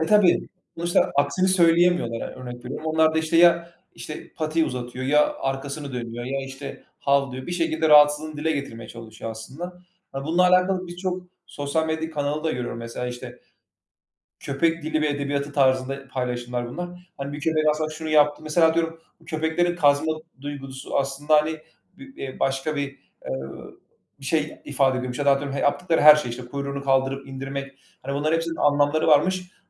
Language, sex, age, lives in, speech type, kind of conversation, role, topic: Turkish, male, 30-34, Bulgaria, conversation, unstructured, none, Hayvanlar insanlar gibi duygular hissedebilir mi?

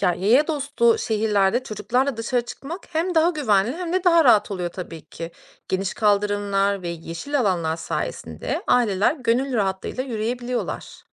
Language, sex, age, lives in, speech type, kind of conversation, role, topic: Turkish, female, 35-39, Austria, read, expert-assistant, expert, Araba odaklı mı, yoksa yaya dostu şehirler mi daha yaşanılır olur? Neden?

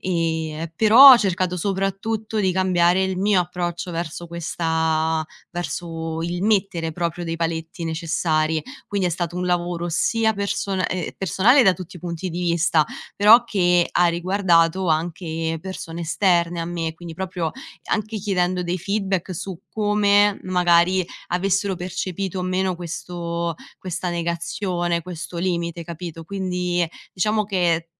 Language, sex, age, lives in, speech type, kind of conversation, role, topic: Italian, female, 25-29, Italy, conversation, podcast, guest, Cosa ti fa dire no senza sensi di colpa?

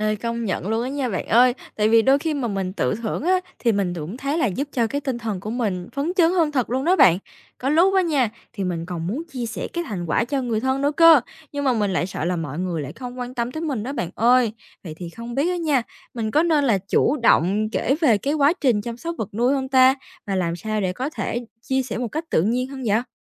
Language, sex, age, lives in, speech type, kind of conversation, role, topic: Vietnamese, female, 18-19, Vietnam, read, expert-assistant, asker, Làm thế nào để duy trì động lực và niềm vui khi chăm sóc vật nuôi lâu dài?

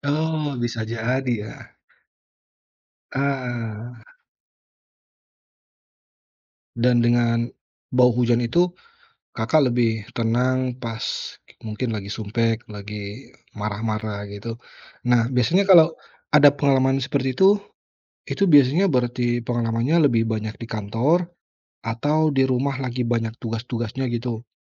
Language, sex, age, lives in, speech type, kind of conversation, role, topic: Indonesian, male, 35-39, Indonesia, conversation, podcast, host, Pernahkah kamu merasa tersentuh oleh aroma tanah setelah hujan?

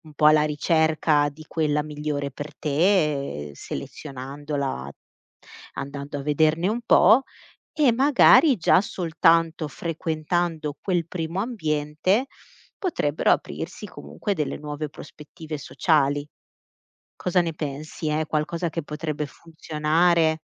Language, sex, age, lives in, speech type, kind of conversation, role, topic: Italian, female, 35-39, Italy, conversation, advice, advisor, Come posso organizzare un trasloco in un’altra città o in un altro Paese?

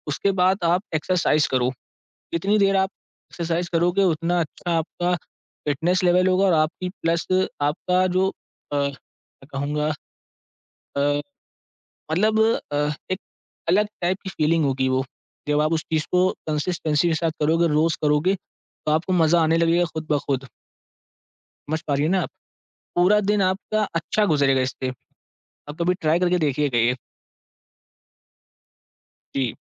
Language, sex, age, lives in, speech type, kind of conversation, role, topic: Hindi, male, 18-19, India, conversation, advice, advisor, आप काम पर गहरी एकाग्रता क्यों नहीं बना पा रहे हैं?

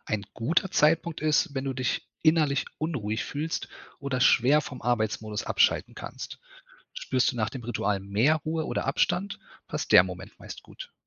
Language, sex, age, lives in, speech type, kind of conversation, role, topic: German, male, 35-39, Germany, read, expert-assistant, expert, Was kann ich tun, damit ich trotz vieler Termine auf meine Gesundheit achte?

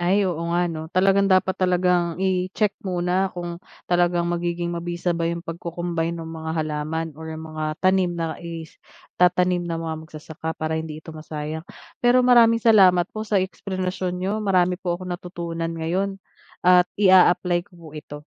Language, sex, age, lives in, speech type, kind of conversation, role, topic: Filipino, female, 30-34, Philippines, read, expert-assistant, asker, Ano ang mga dahilan kung bakit mahalaga ang pag-aaral ng agham?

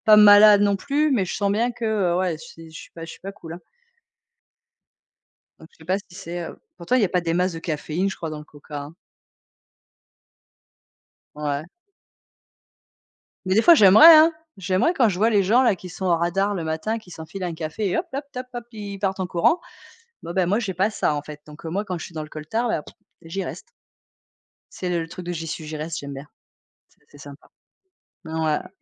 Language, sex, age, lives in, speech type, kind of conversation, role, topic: French, female, 45-49, France, conversation, unstructured, none, Quels éléments rendent un café ou un thé parfait à vos yeux ?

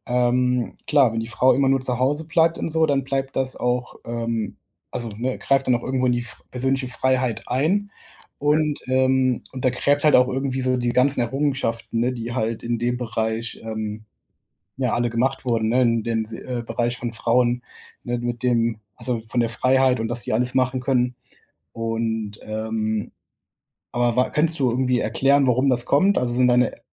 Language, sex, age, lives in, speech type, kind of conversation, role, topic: German, male, 25-29, Germany, conversation, advice, advisor, Wie kann ich meine persönliche Freiheit leben, ohne meine Kultur und Familie zu verletzen?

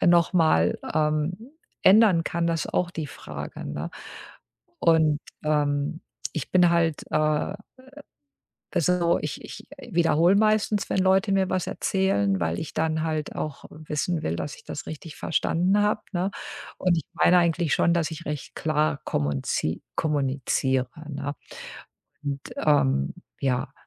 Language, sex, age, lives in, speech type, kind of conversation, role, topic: German, female, 50-54, United States, conversation, advice, user, Wie lassen sich häufige Missverständnisse und anhaltende Kommunikationsprobleme klären?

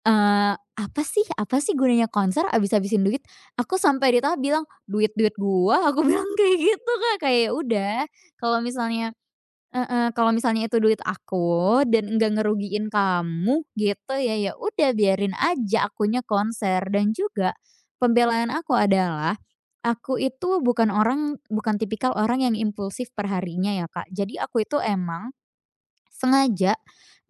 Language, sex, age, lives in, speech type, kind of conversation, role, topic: Indonesian, female, 20-24, Indonesia, conversation, podcast, guest, Apa momen paling emosional yang pernah kamu alami saat menonton konser?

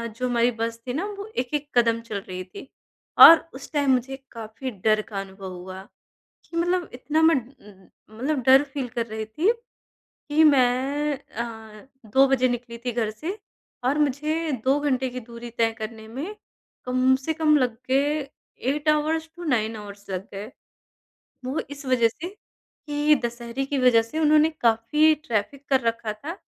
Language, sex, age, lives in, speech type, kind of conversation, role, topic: Hindi, male, 30-34, India, conversation, podcast, guest, Solo travel ne tumhara confidence kaise badhaya?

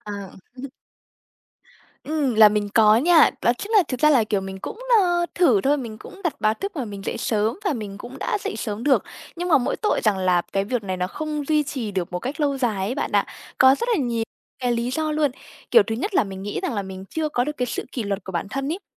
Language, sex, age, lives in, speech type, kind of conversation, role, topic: Vietnamese, female, 20-24, Vietnam, conversation, advice, user, Bạn gặp khó khăn gì khi thức dậy sớm để theo đuổi mục tiêu buổi sáng?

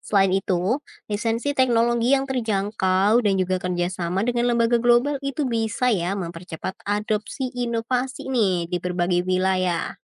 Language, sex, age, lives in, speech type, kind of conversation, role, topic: Indonesian, female, 20-24, Indonesia, read, expert-assistant, expert, Apakah investasi besar dalam misi robotik ke planet seperti Mars untuk mencari kehidupan layak dilakukan, atau lebih baik dialokasikan untuk masalah di Bumi?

